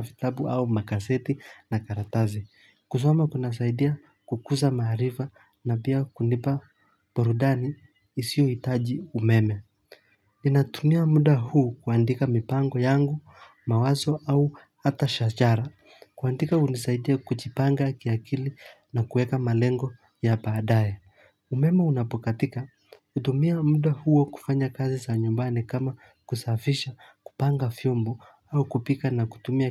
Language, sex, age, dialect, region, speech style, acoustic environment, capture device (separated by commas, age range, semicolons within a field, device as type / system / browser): Swahili, male, 25-34, Kenya - Mombasa, Kenya, relaxed; excited, silence, mobile / Linux / Chrome